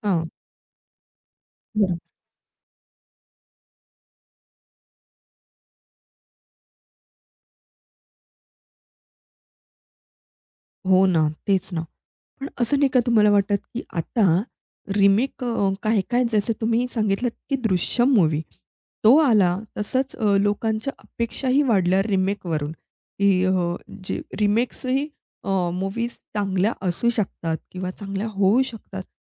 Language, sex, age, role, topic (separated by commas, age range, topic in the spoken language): Marathi, female, 30-34, host, रेमेक आणि पुनर्निर्मितींबद्दल तुमचं काय मत आहे?